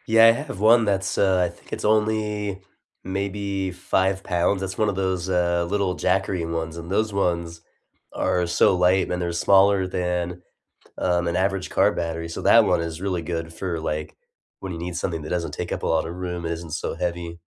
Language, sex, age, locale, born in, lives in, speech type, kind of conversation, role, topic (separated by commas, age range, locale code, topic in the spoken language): English, male, 30-34, en-US, United States, United States, conversation, unstructured, none, What’s a kindness from a stranger you’ll never forget, and how did it shape you?